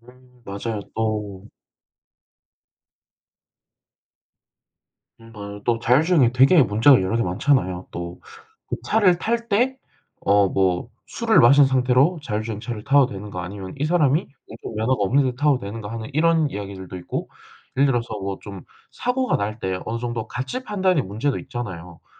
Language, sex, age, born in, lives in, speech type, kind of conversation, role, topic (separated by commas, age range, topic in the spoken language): Korean, male, 18-19, South Korea, South Korea, conversation, unstructured, none, 최근 기술 발전이 우리 삶에 주는 장점과 단점은 무엇일까요?